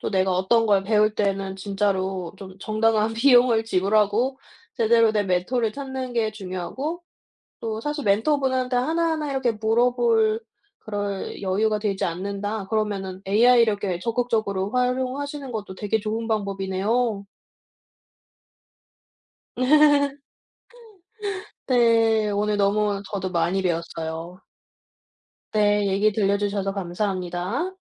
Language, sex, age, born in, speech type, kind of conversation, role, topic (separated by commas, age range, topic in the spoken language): Korean, female, 25-29, South Korea, conversation, podcast, host, 학습 파트너나 멘토는 왜 중요할까요?